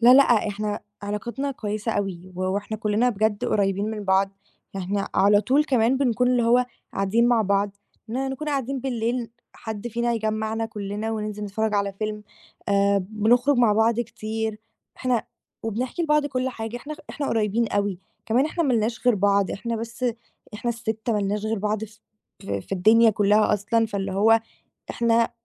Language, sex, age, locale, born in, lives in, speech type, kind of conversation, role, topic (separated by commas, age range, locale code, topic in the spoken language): Arabic, female, 18-19, ar-EG, Egypt, Greece, conversation, advice, user, توتر بين الأشقاء بسبب مسؤوليات المنزل المشتركة